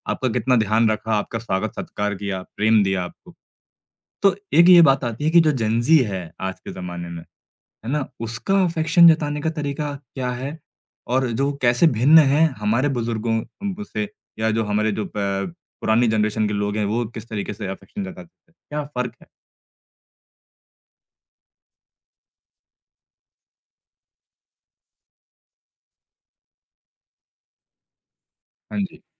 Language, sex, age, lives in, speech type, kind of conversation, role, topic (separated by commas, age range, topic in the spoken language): Hindi, male, 25-29, India, conversation, podcast, host, South Asian families mein pyaar dikhane ke cultural norms kaise alag hote hain?